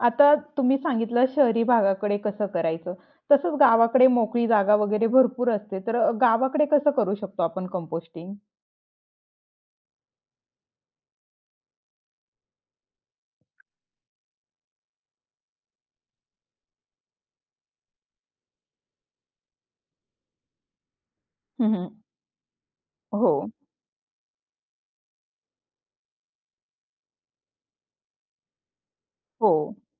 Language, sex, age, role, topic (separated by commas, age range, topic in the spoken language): Marathi, female, 25-29, host, कंपोस्ट तयार करायला सुरुवात कशी करावी, आणि तुम्ही काय सुचवाल?